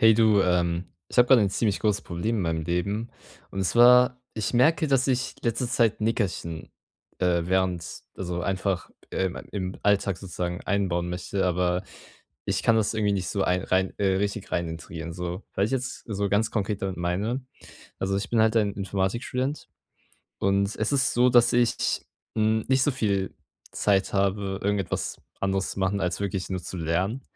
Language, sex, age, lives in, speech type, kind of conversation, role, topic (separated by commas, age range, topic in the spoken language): German, male, 18-19, Germany, conversation, advice, user, Wie kann ich ein kurzes Nickerchen machen, um neue Energie zu tanken?